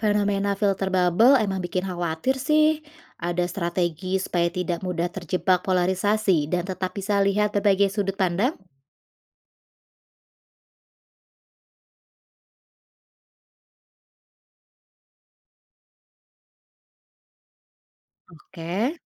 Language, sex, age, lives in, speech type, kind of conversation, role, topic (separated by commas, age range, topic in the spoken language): Indonesian, female, 35-39, Indonesia, read, expert-assistant, asker, Bagaimana media digital mengubah cara kita memahami berita dibandingkan dengan media cetak tradisional?